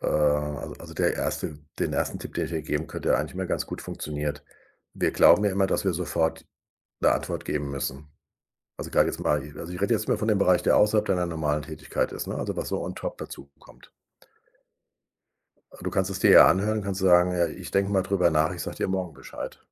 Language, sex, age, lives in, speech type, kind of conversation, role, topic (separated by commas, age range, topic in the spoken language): German, male, 60-64, Germany, conversation, advice, advisor, Wie kann ich aufhören, ständig „Ja“ zu sagen, wenn ich zu viele Verpflichtungen habe und keine Erholung finde?